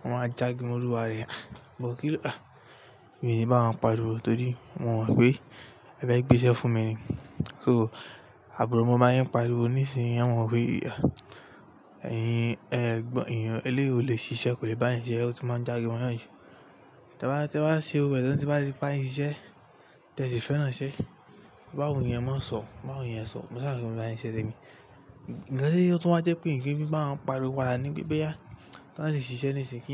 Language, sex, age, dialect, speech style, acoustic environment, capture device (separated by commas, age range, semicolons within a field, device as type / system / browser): Yoruba, male, 18-24, Nigeria - Lagos Yoruba, relaxed, nature; people talking, mobile / Linux / Chrome